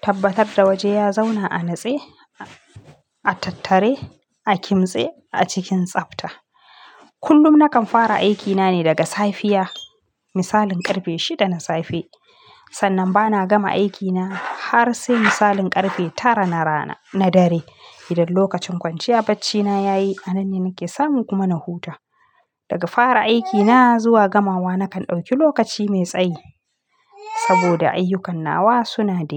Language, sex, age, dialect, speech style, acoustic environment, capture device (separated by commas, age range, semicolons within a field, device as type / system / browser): Hausa, female, 25-34, Nigeria - Kano, excited, silence, mobile / Linux / Chrome